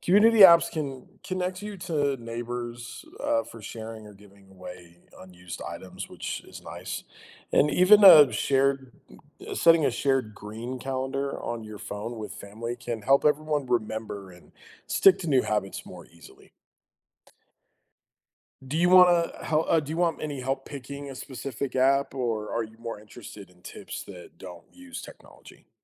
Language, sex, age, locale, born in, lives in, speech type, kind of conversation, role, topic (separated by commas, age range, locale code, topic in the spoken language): English, male, 35-39, en-US, United States, United States, read, expert-assistant, expert, Why is it important to take care of the environment?